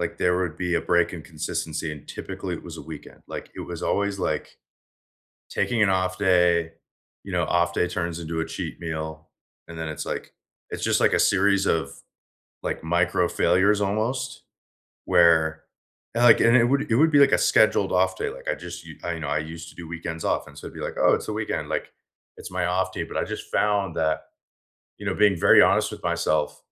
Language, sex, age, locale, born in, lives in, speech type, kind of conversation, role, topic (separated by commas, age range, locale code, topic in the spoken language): English, male, 25-29, en-US, United States, United States, conversation, unstructured, none, What small goal are you excited to work toward this month?